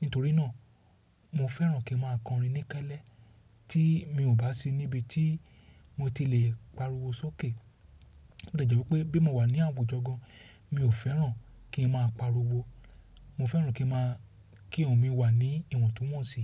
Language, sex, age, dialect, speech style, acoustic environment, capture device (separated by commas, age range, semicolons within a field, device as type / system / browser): Yoruba, male, 35-44, Nigeria - Lagos Yoruba, relaxed; excited, silence, mobile / Linux / Chrome